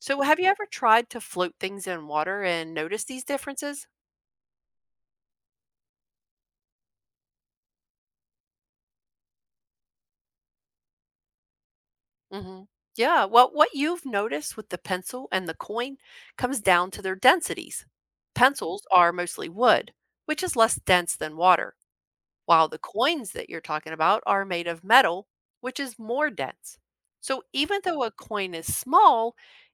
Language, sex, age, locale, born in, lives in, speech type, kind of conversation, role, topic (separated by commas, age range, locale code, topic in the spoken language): English, female, 45-49, en-US, United States, United States, read, expert-assistant, expert, What determines whether objects and liquids float, sink, or form layers?